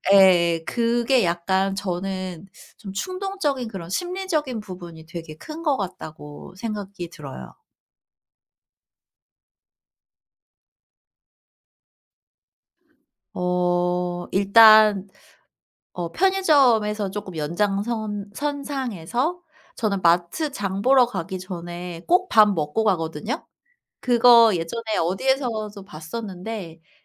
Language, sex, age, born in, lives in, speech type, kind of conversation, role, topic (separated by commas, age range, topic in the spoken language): Korean, female, 40-44, South Korea, South Korea, conversation, podcast, guest, 돈을 모을 때 단기 소비 욕구를 어떻게 이겨내면 좋을까요?